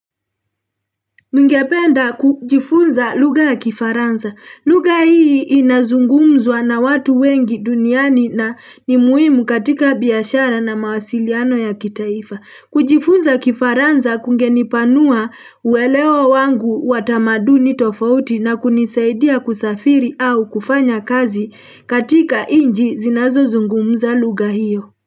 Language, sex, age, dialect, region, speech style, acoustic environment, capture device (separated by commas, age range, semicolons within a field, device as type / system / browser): Swahili, female, 35-44, Kenya - Nairobi Sheng-influenced, Kenya, happy; relaxed; excited; focused, people talking; traffic; construction; appliances; nature, mobile / Linux / Chrome